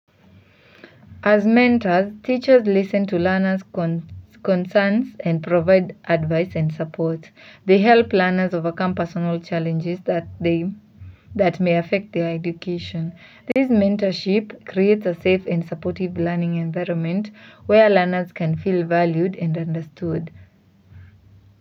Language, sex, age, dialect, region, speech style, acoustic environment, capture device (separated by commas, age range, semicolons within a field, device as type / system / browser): Swahili, female, 18-24, Kenya - Mombasa, Kenya, relaxed; focused, silence, mobile / Linux / Chrome